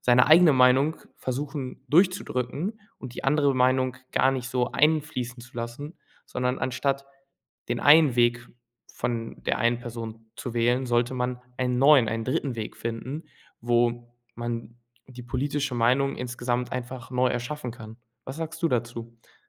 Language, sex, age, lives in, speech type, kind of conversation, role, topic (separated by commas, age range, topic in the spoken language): German, male, 18-19, Germany, conversation, unstructured, none, Wie kannst du andere von deiner politischen Meinung überzeugen?